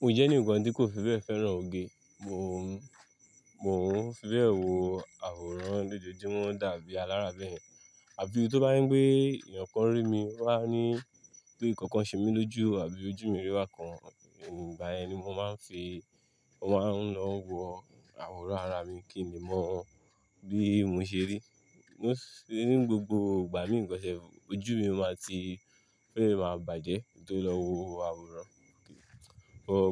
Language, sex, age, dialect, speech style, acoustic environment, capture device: Yoruba, male, 25-34, Nigeria - Lagos Yoruba, relaxed, silence, mobile / Linux / Chrome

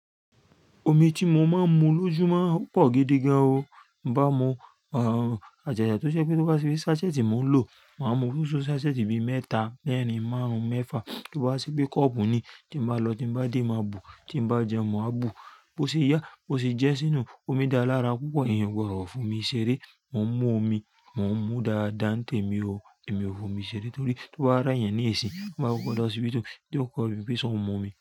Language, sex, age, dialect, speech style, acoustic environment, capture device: Yoruba, male, 35-44, Nigeria - Lagos Yoruba, happy; relaxed, nature, mobile / Linux / Chrome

